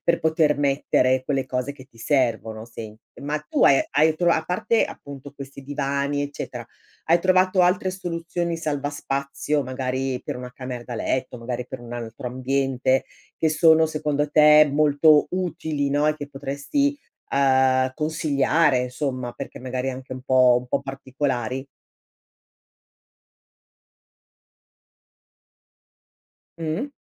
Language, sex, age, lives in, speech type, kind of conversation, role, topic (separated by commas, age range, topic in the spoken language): Italian, female, 55-59, Italy, conversation, podcast, host, Come sfrutti lo spazio quando la casa è piccola?